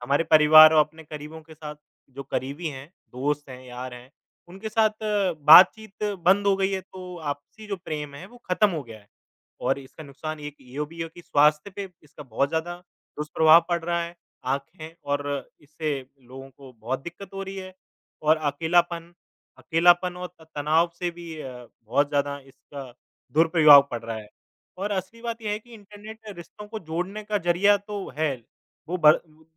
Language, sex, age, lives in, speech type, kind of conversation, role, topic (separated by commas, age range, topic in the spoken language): Hindi, male, 25-29, India, conversation, unstructured, none, क्या आपको लगता है कि आज इंटरनेट के बिना जीवन कठिन हो जाएगा?